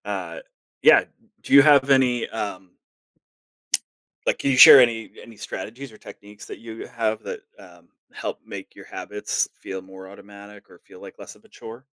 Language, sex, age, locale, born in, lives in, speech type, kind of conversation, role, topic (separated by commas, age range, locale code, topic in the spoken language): English, male, 40-44, en-US, United States, United States, conversation, podcast, host, What factors help people turn new habits into lasting routines?